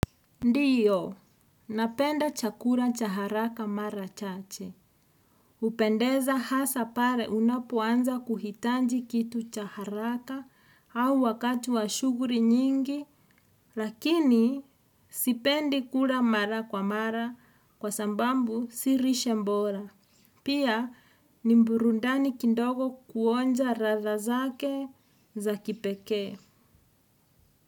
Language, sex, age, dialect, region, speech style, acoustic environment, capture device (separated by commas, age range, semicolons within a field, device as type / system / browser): Swahili, female, 35-44, Kenya - Nairobi Sheng-influenced, Kenya, anxious, music, desktop / Windows / Edge